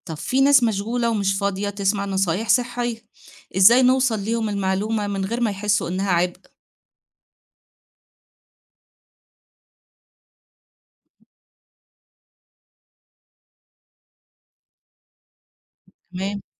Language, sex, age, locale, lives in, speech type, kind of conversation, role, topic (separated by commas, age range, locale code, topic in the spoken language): Arabic, female, 40-44, ar-EG, Egypt, read, expert-assistant, asker, لو عايزين نوصل نصايح الصحة للناس في المناطق الشعبية، نمشي بإيه نظام أو خطة؟